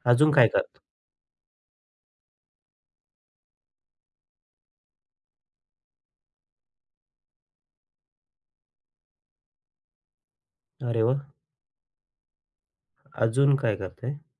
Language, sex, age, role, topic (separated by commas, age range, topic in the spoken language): Marathi, male, 35-39, host, एकटेपणा वाटल्यावर तुम्ही काय करता?